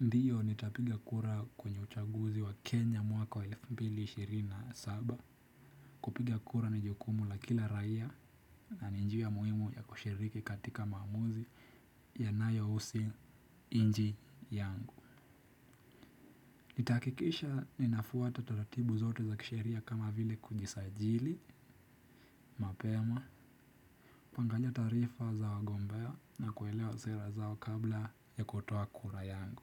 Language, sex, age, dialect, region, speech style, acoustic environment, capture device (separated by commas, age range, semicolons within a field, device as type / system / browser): Swahili, male, 18-24, Kenya - Nairobi Sheng-influenced, Kenya, tired; nervous, people talking; music; nature; silence, desktop / Windows / Chrome